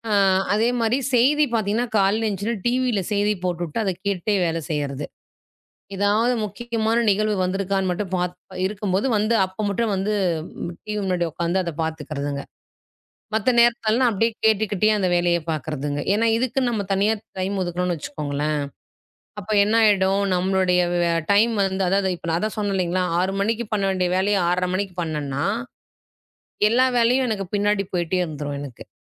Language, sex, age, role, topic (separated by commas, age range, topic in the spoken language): Tamil, female, 35-39, guest, தினமும் உங்கள் காலை நடைமுறையை நீங்கள் எப்படி அமைக்கிறீர்கள்?